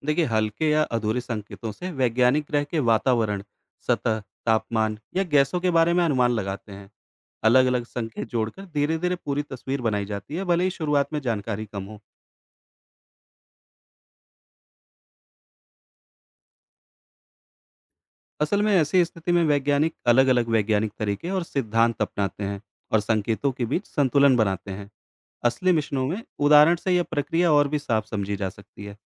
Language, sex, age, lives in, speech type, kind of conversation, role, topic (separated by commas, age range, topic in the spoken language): Hindi, male, 35-39, India, read, expert-assistant, expert, जब वैज्ञानिक दूर-दराज़ ग्रहों की जानकारी इकट्ठा करते हैं, तो उन्हें किन मुश्किलों का सामना करना पड़ता है और वे उन्हें कैसे हल करते हैं?